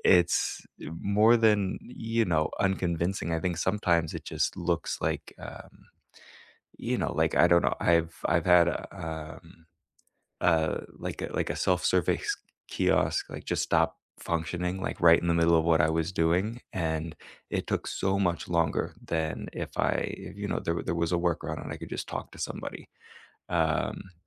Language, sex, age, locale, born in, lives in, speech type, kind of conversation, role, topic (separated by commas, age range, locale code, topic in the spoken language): English, male, 25-29, en-US, United States, United States, conversation, unstructured, none, Will replacing human jobs with automation overall improve quality of life or harm workers and communities?